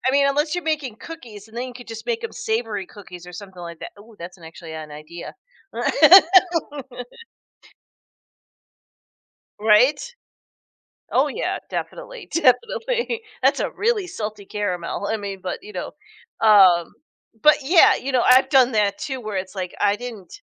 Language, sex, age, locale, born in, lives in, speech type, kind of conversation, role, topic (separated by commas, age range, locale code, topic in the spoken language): English, female, 45-49, en-US, United States, United States, conversation, podcast, host, How can we make learning feel more enjoyable and less like a chore?